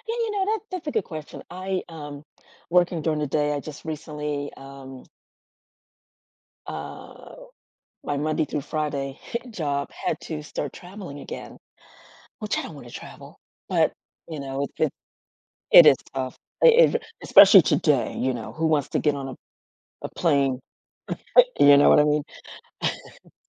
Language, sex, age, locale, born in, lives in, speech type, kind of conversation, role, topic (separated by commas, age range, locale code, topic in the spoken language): English, female, 60-64, en-US, United States, United States, conversation, unstructured, none, How do you prioritize rest without feeling like you’re falling behind in life?